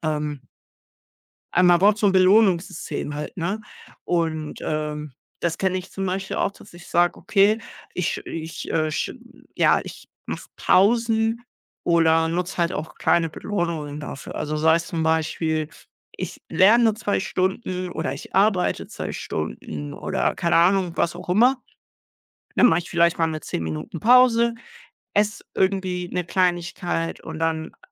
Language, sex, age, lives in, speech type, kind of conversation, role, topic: German, male, 18-19, Germany, conversation, unstructured, none, Wie kannst du Lernen mit Spaß verbinden?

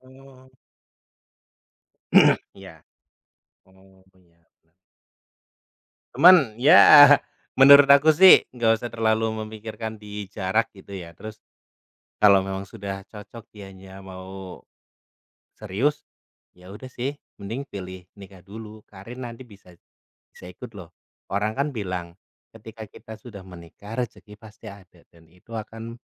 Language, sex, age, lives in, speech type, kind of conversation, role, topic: Indonesian, male, 30-34, Indonesia, conversation, unstructured, none, Bagaimana kamu menentukan tujuan hidup yang ingin kamu capai?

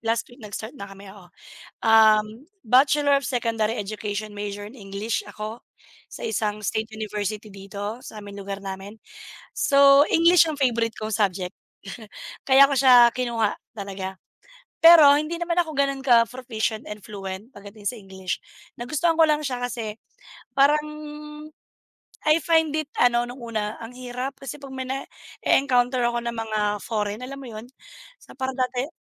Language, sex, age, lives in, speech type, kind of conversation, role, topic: Filipino, female, 35-39, Philippines, conversation, unstructured, none, Ano ang paborito mong asignatura sa paaralan?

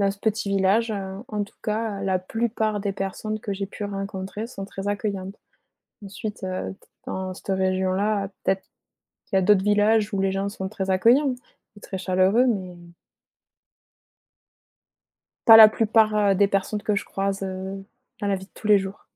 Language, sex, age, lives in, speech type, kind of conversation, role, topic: French, female, 25-29, France, conversation, podcast, guest, Comment une petite aide entre voisins t’a-t-elle touché ?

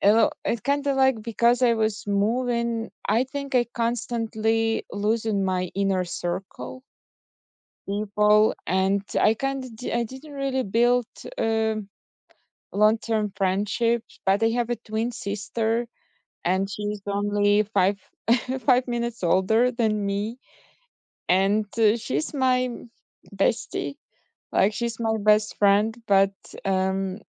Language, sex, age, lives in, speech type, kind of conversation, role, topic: English, female, 30-34, United States, conversation, unstructured, none, What is a story from your childhood that you like to tell?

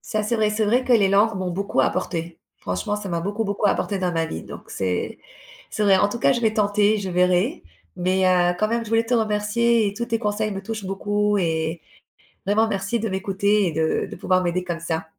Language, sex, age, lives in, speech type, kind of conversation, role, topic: French, female, 35-39, Spain, conversation, advice, user, Dois-je accepter un emploi mieux payé dans une autre ville malgré mes hésitations ?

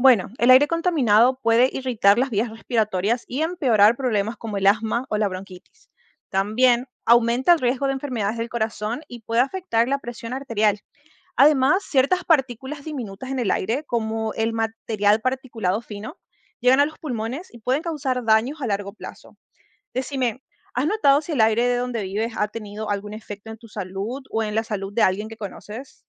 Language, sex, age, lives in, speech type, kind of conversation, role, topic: Spanish, female, 25-29, United States, read, expert-assistant, expert, ¿Cómo afecta la contaminación del aire a la salud de las personas?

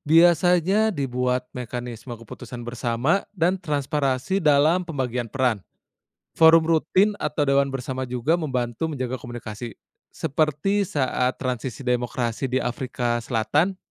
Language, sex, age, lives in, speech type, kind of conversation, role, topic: Indonesian, male, 30-34, Indonesia, read, expert-assistant, expert, Apa cara paling mudah untuk memahami kenapa sebagian negara bisa lebih cepat berkembang dibanding yang lain?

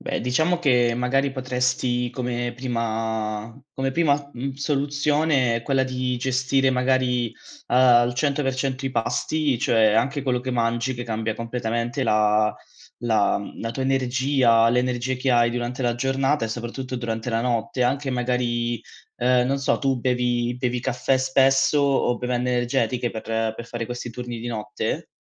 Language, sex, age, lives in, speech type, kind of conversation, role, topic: Italian, male, 25-29, Italy, conversation, advice, advisor, Come ha influito il lavoro a turni sul tuo ritmo sonno-veglia?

